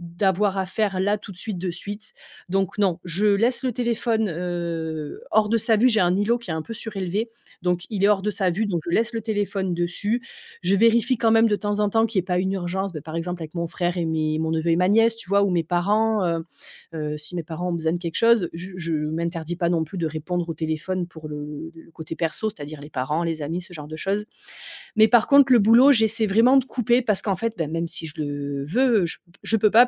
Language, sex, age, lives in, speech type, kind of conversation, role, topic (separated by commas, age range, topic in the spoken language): French, female, 35-39, France, conversation, podcast, guest, Comment gères-tu l’équilibre entre le travail et la vie personnelle ?